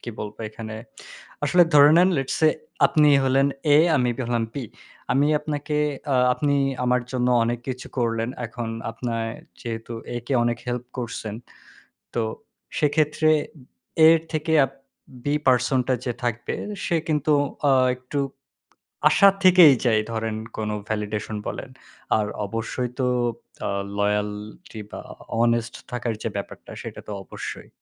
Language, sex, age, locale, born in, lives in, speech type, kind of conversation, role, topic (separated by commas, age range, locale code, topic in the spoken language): Bengali, male, 20-24, bn-BD, Bangladesh, Bangladesh, conversation, unstructured, none, আপনি আপনার আত্মবিশ্বাস বাড়াতে কোন কোন উপায় অনুসরণ করতে চান?